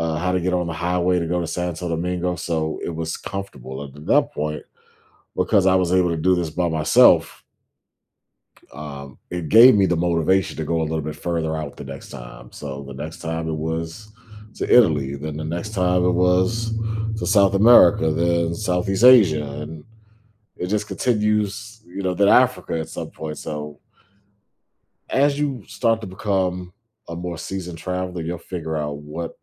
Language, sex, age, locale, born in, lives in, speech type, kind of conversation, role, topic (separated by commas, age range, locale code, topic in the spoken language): English, male, 40-44, en-US, United States, United States, conversation, podcast, guest, What helps someone succeed and stay motivated when starting a new hobby?